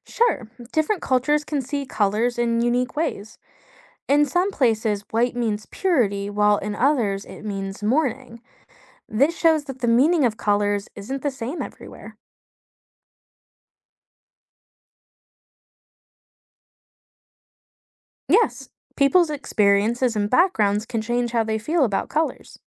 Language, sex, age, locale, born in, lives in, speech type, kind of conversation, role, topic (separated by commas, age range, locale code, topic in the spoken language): English, female, 25-29, en-US, United States, United States, read, expert-assistant, expert, What are the psychological effects of color on mood?